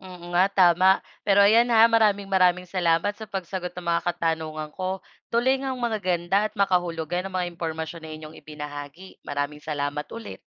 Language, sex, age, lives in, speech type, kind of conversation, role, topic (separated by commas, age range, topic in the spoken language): Filipino, female, 25-29, Philippines, read, expert-assistant, asker, Ano ang mga pinagmulan ng mga maling paniniwala tungkol sa bakuna, at bakit patuloy itong lumalaganap?